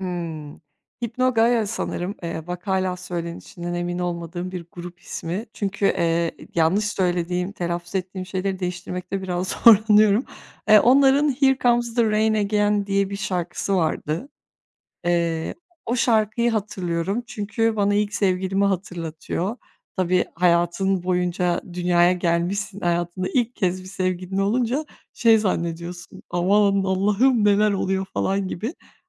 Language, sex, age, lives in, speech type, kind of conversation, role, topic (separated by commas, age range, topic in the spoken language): Turkish, female, 30-34, Bulgaria, conversation, podcast, guest, Müzikle arandaki duygusal bağ nasıl oluştu?